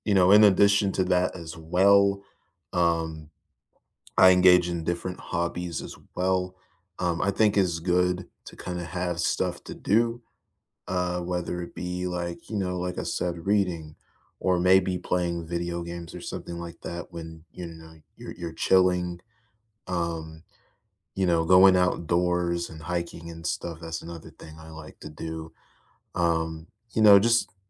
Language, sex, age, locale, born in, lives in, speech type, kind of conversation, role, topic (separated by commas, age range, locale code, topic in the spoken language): English, male, 20-24, en-US, United States, United States, conversation, unstructured, none, What simple weekend rituals do you look forward to?